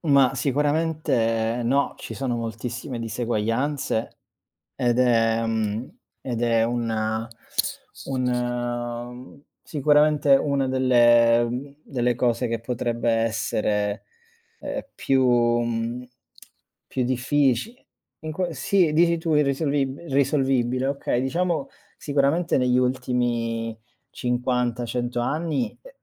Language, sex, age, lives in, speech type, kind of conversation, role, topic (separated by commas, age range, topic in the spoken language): Italian, male, 30-34, Germany, conversation, unstructured, none, Secondo te, la ricchezza è distribuita in modo equo?